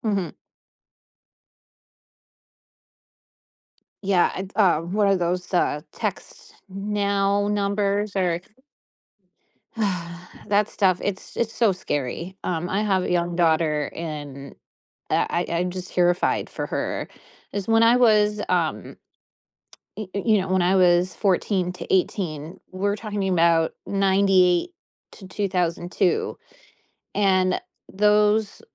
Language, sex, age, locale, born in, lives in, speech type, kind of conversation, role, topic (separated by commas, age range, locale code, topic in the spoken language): English, female, 40-44, en-US, United States, United States, conversation, podcast, host, In what ways has modern technology transformed how we connect and interact with others?